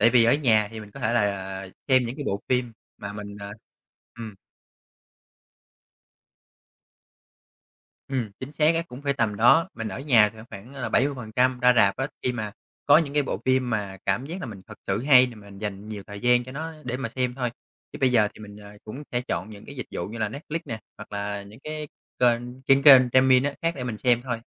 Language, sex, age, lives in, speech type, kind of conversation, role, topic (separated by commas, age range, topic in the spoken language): Vietnamese, male, 30-34, Vietnam, conversation, podcast, guest, Trải nghiệm xem phim ở rạp khác gì so với xem phim ở nhà?